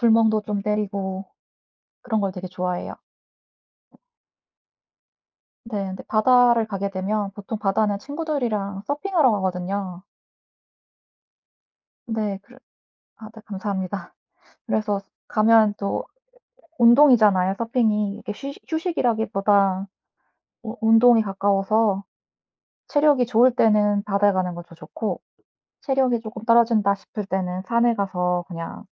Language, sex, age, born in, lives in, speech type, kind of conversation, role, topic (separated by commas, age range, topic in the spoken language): Korean, female, 40-44, United States, United States, conversation, unstructured, none, 바다와 산 중 어디에서 더 휴식을 취하고 싶으신가요?